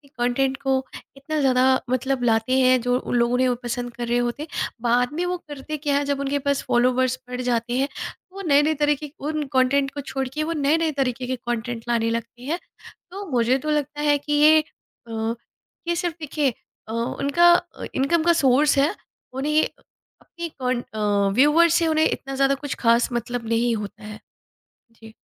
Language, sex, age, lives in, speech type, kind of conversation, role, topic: Hindi, female, 35-39, India, conversation, podcast, guest, Audience ko dhyaan mein rakhna zaroori hai ya bas khulkar apni marzi se karna chahiye?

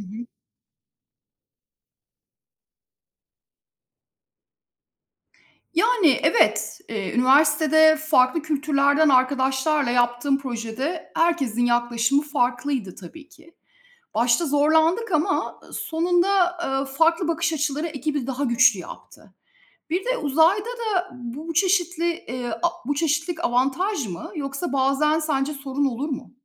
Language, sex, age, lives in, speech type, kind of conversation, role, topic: Turkish, female, 45-49, Spain, read, expert-assistant, asker, Uzayda ekip çalışması ve zorluklarla başa çıkmak nasıl mümkün oluyor?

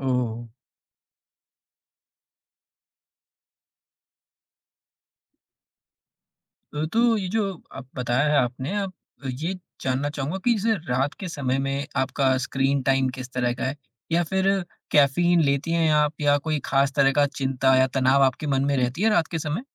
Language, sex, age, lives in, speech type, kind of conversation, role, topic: Hindi, male, 20-24, India, conversation, advice, advisor, मुझे समय पर सोने और नींद का नियमित चक्र बनाने में कठिनाई क्यों होती है?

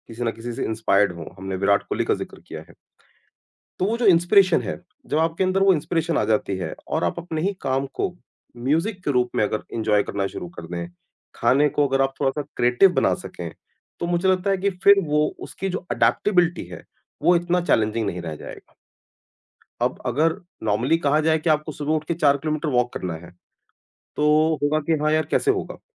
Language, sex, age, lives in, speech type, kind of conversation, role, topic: Hindi, male, 40-44, India, conversation, podcast, guest, Aap healthy aadaton ko mazedaar kaise banate ho, taaki woh boring na lage?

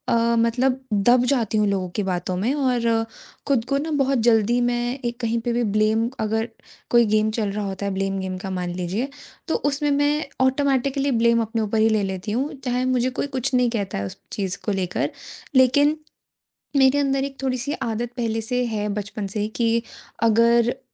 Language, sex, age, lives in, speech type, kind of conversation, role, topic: Hindi, female, 20-24, India, conversation, advice, user, आप हर छोटी बात पर खुद को दोषी क्यों मानते हैं और बार-बार माफी क्यों माँगते हैं?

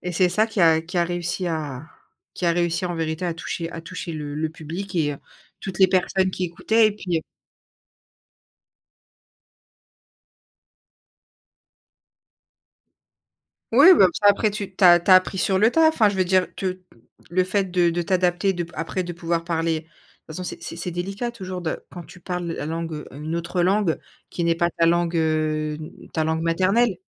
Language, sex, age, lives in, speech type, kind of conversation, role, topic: French, female, 30-34, France, conversation, podcast, host, Comment gères-tu la peur du regard des autres et du jugement ?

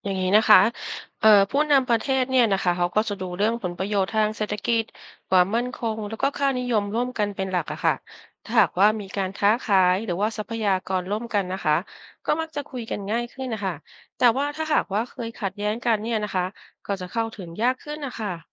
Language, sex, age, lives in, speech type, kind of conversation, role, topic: Thai, female, 50-54, Thailand, read, expert-assistant, expert, ถ้าคุณเป็นผู้นำประเทศ คุณคิดว่าควรให้ความสำคัญกับอะไรเป็นอันดับแรกเมื่อต้องเลือกประเทศเพื่อนบ้านที่ควรสร้างความสัมพันธ์ด้วย?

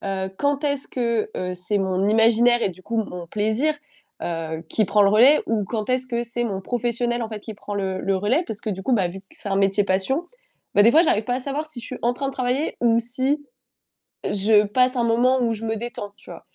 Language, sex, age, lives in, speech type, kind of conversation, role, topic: French, female, 25-29, France, conversation, advice, user, Comment puis-je fixer des limites à mon temps libre sans culpabiliser ?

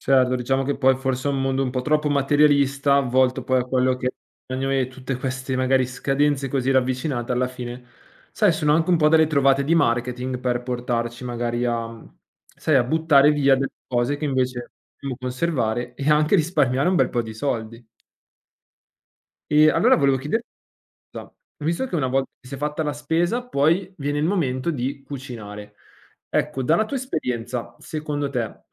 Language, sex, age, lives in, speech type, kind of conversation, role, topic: Italian, male, 25-29, Italy, conversation, podcast, host, Che consigli daresti per ridurre gli sprechi alimentari?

